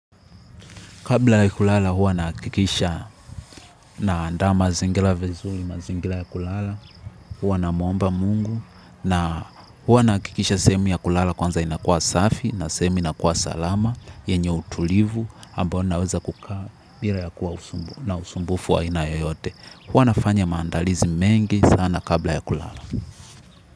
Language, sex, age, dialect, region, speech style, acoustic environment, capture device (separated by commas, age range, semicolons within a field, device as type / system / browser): Swahili, male, 18-24, Tanzania - Dar es Salaam, Tanzania, happy; relaxed; excited; focused, people talking; nature, mobile / Linux / Chrome